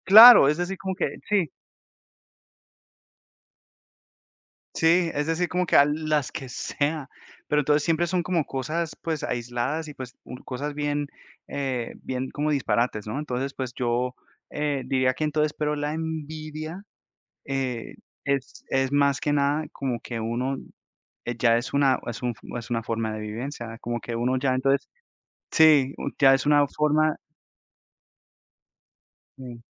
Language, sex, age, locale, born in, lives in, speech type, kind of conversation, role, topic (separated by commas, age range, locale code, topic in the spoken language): Spanish, male, 30-34, es-CO, United States, United States, conversation, unstructured, none, ¿Qué haces cuando alguien critica tu forma de hacer las cosas?